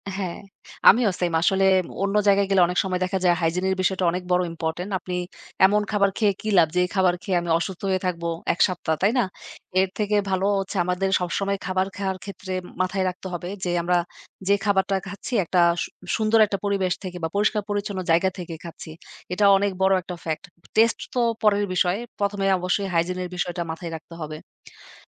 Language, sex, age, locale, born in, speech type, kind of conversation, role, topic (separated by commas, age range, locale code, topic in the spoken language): Bengali, female, 35-39, bn-BD, Bangladesh, conversation, unstructured, none, আপনার সবচেয়ে প্রিয় খাবার কী এবং কেন?